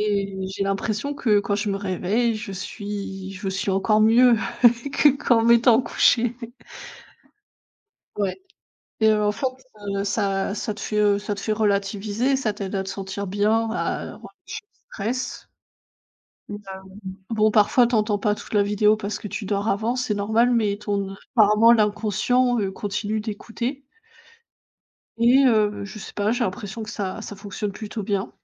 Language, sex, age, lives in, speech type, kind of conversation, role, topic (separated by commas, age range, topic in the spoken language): French, female, 30-34, Germany, conversation, unstructured, none, Comment souhaitez-vous faire évoluer votre pratique de la méditation ?